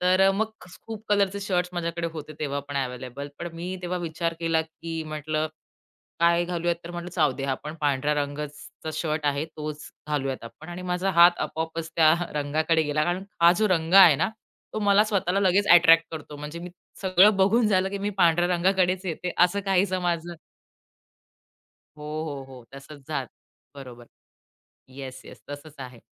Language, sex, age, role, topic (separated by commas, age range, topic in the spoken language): Marathi, female, 30-34, guest, कोणत्या रंगात तुम्हाला सर्वात जास्त आत्मविश्वास वाटतो?